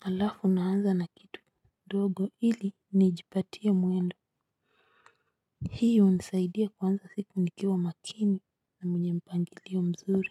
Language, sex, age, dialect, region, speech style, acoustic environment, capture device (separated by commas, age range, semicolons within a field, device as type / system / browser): Swahili, female, 35-44, Kenya - Nairobi Sheng-influenced, Kenya, happy, nature; silence, mobile / Linux / Chrome